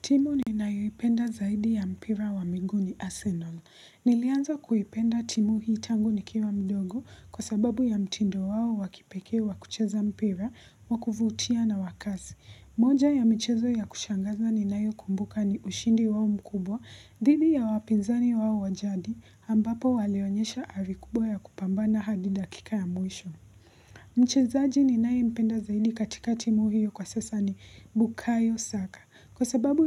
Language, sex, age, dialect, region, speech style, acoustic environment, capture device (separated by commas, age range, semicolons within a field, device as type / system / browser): Swahili, female, 18-24, Kenya - Nairobi Sheng-influenced, Kenya, focused; relaxed, silence; appliances, mobile / Linux / Chrome